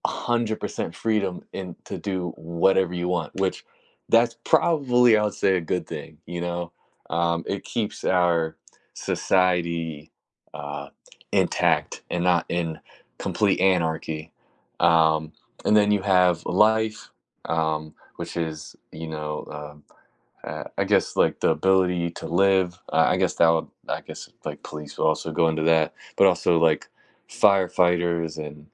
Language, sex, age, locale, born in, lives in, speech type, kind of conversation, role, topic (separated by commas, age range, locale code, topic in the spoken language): English, male, 20-24, en-US, United States, United States, conversation, unstructured, none, What role should the government play in our daily lives?